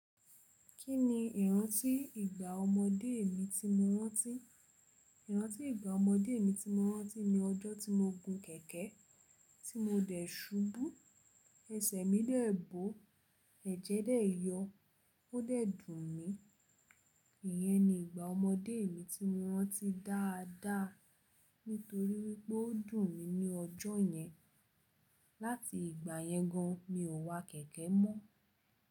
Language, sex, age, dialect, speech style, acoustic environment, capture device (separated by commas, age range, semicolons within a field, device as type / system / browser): Yoruba, female, 18-24, Nigeria - Lagos Yoruba, relaxed; focused, people talking; nature, mobile / Linux / Chrome